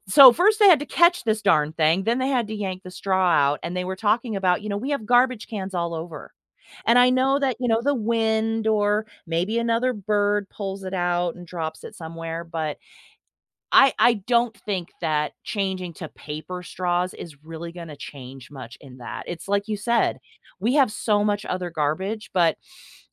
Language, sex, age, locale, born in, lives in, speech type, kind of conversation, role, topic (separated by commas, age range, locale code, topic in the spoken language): English, female, 45-49, en-US, United States, United States, conversation, podcast, guest, In what ways does pollution threaten the balance of natural ecosystems?